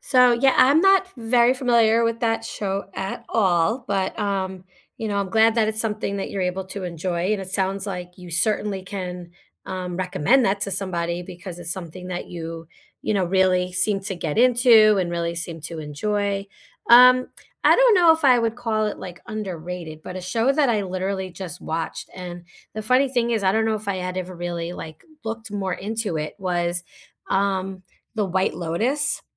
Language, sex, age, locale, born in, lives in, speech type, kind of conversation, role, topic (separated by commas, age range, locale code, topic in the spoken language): English, female, 45-49, en-US, United States, United States, conversation, unstructured, none, What underrated TV shows have you been recommending to everyone lately?